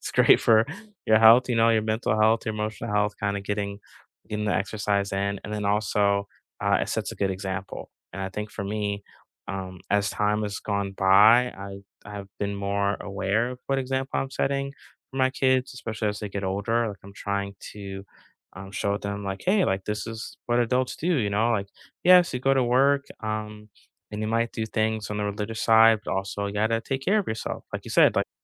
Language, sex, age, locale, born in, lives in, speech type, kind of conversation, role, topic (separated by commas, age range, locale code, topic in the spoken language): English, male, 40-44, en-US, United States, United States, conversation, unstructured, none, Which rituals or traditions matter most to you these days, and how do they help you feel connected?